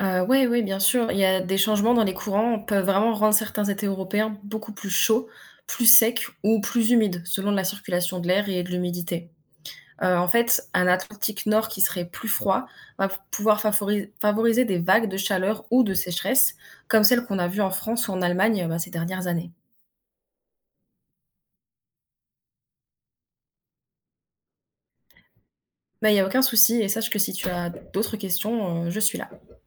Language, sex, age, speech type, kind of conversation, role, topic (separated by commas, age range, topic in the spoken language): French, female, 20-24, read, expert-assistant, expert, Pourquoi les courants océaniques se forment-ils et en quoi influencent-ils le climat de la planète ?